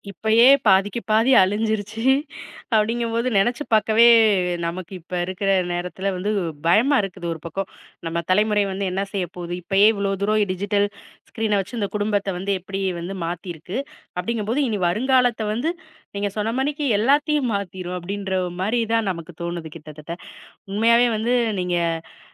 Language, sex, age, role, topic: Tamil, female, 35-39, host, டிஜிட்டல் திரைகள் குடும்ப வாழ்க்கையை எப்படிப் மாற்றியுள்ளன?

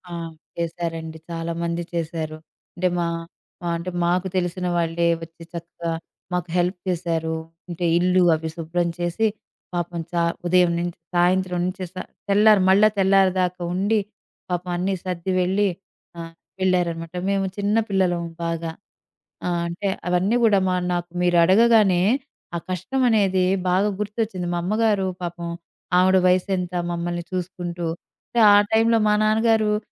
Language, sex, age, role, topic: Telugu, female, 40-44, guest, కష్టాల్లో ఉన్నవారికి సమాజం ఎలా సహాయం చేయాలి?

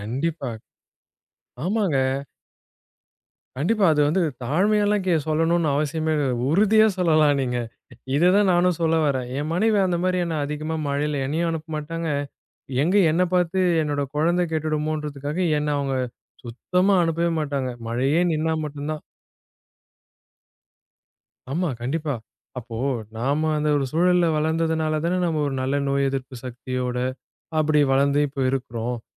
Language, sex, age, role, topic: Tamil, male, 20-24, guest, மழைக்காலத்தில் வெளியே செல்லும் அனுபவம் எப்படி மாறுகிறது?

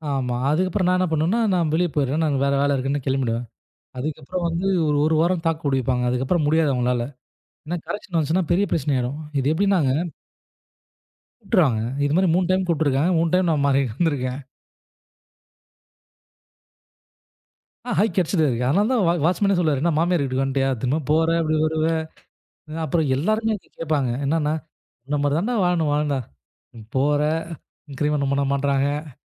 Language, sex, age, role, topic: Tamil, male, 25-29, guest, வேலை மாற்றம் உங்களை எப்படி மாற்றியது?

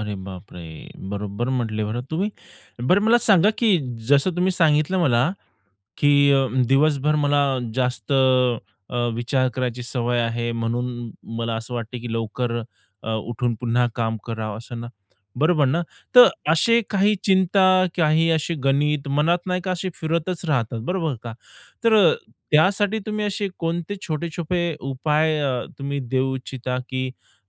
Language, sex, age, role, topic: Marathi, male, 30-34, host, मधल्या रात्री जाग आली तर पुन्हा झोप कशी लागते?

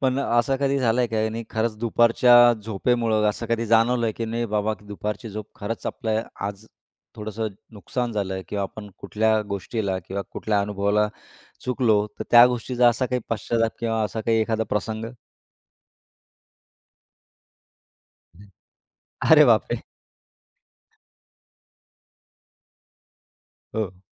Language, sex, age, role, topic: Marathi, male, 35-39, host, दुपारी थोडं झोपणं तुला कसं वाटतं?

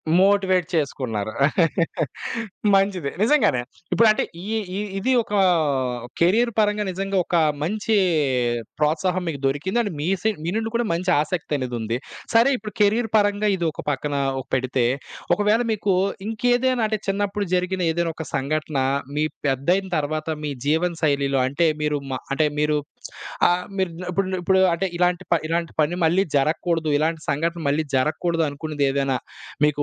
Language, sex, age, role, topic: Telugu, male, 25-29, host, మీ చిన్ననాటి అనుభవాలు మీ సృజనాత్మకతపై ఎలా ప్రభావం చూపించాయి?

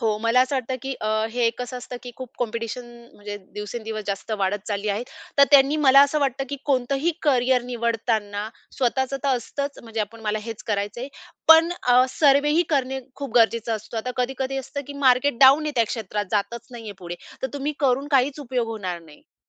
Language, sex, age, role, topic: Marathi, female, 30-34, guest, कुटुंबाला करिअरमधला बदल सांगताना तुम्हाला कसा अनुभव आला?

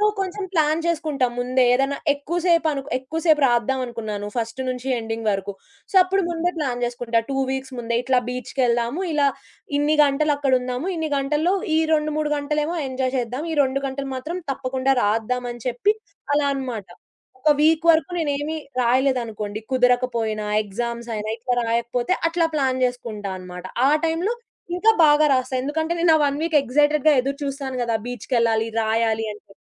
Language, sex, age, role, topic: Telugu, female, 20-24, guest, సృజనాత్మక ఆలోచనలు ఆగిపోయినప్పుడు మీరు సాధారణంగా ఏమి చేస్తారు?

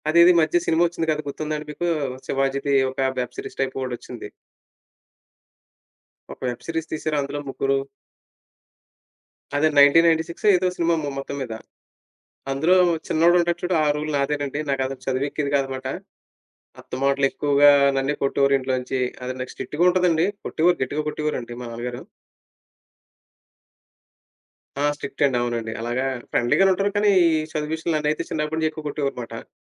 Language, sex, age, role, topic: Telugu, male, 30-34, guest, రోల్‌ మారినప్పుడు నేర్చుకోవాల్సిన మొదటి మూడు విషయాలు ఏమిటి?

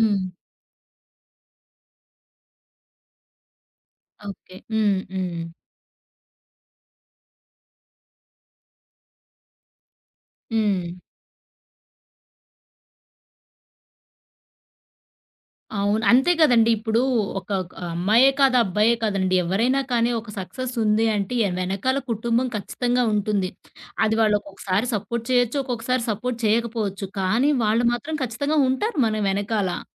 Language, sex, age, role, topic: Telugu, female, 30-34, host, మీ జీవితాన్ని మార్చిన సినిమా ఏది, ఎందుకు?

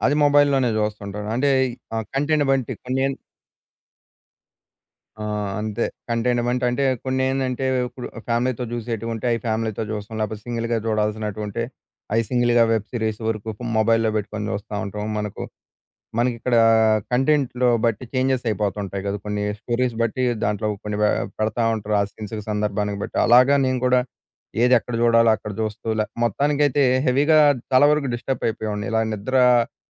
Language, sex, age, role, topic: Telugu, male, 20-24, guest, నిద్రకు ముందు తెరను చూడటం గురించి మీరు ఏమనుకుంటున్నారు?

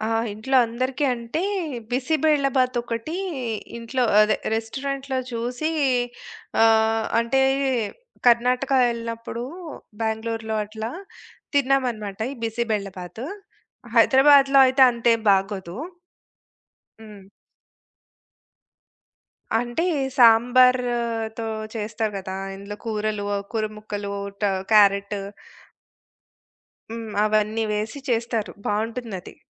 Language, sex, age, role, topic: Telugu, female, 40-44, guest, రెస్టారెంట్‌లో చేసే వంటకాన్ని ఇంటి వంటలా ఎలా తయారు చేయాలి?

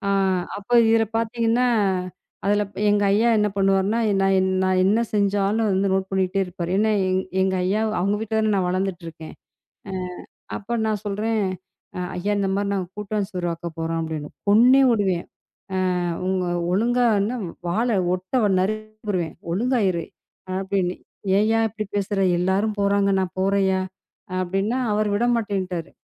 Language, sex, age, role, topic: Tamil, female, 35-39, guest, ஒரு பாரம்பரிய உணவை அனைவரும் சேர்ந்து சமைத்து பகிர்ந்த அனுபவத்தைச் சொல்லுவீர்களா?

